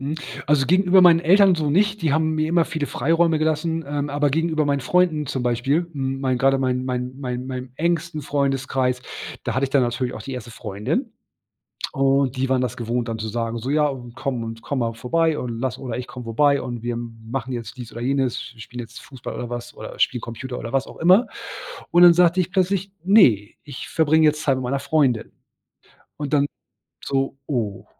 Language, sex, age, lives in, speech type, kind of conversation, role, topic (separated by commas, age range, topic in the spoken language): German, male, 40-44, Germany, conversation, podcast, guest, Wann ist es wichtig, Nein zu sagen, und wie machst du das?